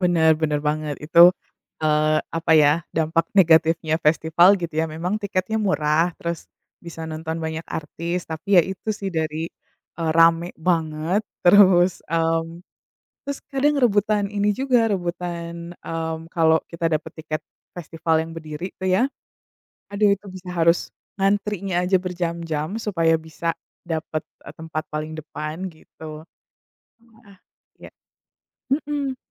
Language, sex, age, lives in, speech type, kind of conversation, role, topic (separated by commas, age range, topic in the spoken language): Indonesian, female, 35-39, United States, conversation, unstructured, none, Apa pengalaman paling berkesan yang pernah kamu alami saat menonton pertunjukan musik?